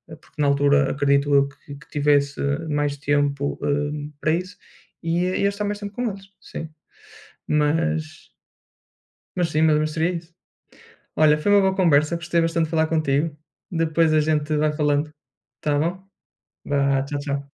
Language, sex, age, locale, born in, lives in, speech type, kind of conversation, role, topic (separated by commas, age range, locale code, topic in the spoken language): Portuguese, male, 30-34, pt-PT, Portugal, Portugal, conversation, unstructured, none, Como você imagina sua vida ideal no futuro?